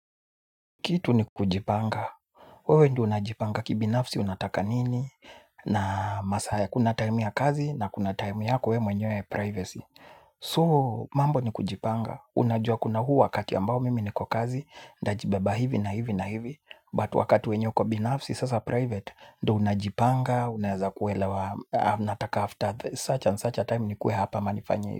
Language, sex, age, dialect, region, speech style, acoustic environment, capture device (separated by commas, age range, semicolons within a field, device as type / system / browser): Swahili, male, 25-34, Kenya - Nairobi Sheng-influenced, Kenya, focused; excited; nervous, music; construction; people talking, mobile / Linux / Chrome